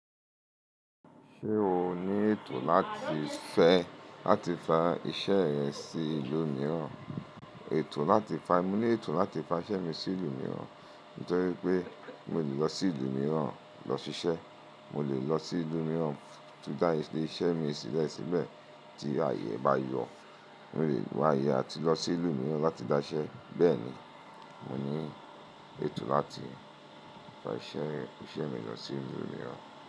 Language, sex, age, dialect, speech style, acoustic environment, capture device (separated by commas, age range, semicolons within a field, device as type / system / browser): Yoruba, male, 35-44, Nigeria - Lagos Yoruba, happy, appliances, mobile / Linux / Chrome